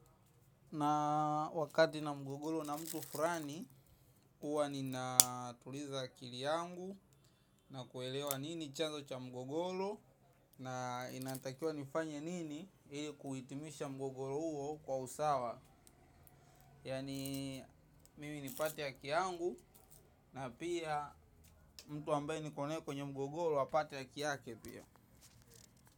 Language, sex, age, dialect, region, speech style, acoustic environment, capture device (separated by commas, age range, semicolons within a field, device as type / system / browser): Swahili, male, 18-24, Tanzania - Dar es Salaam, Tanzania, excited; focused; happy, traffic, mobile / macOS / Safari